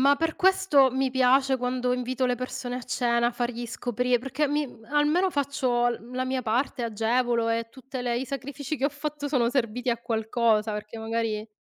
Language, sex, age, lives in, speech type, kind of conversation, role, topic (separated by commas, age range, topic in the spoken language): Italian, female, 35-39, Italy, conversation, unstructured, none, Qual è il tuo piatto preferito da cucinare quando hai ospiti a cena?